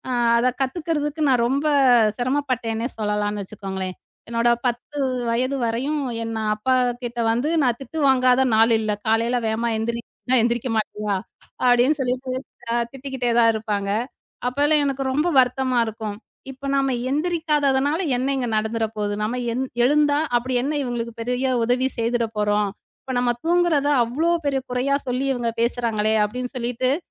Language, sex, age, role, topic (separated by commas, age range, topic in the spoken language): Tamil, female, 35-39, guest, உங்கள் வளர்ச்சிக்கு அதிகமாக உதவிய ஒரு பழக்கம் என்ன?